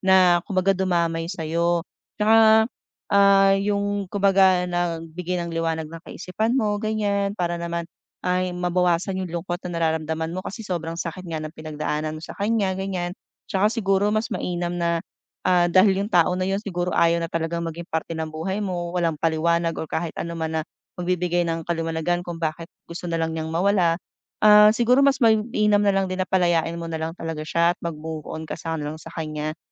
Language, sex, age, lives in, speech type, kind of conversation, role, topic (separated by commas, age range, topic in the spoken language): Filipino, female, 40-44, Philippines, conversation, advice, advisor, Paano ako magsisimulang magtiwala muli pagkatapos ng hiwalayan?